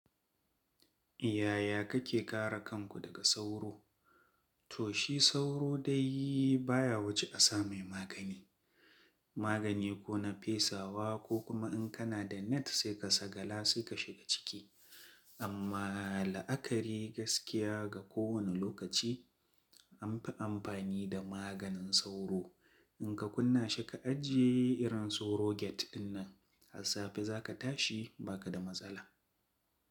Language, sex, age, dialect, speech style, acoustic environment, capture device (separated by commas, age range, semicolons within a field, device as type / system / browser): Hausa, male, 25-34, Nigeria - Kano, relaxed; focused, silence, mobile / Linux / Chrome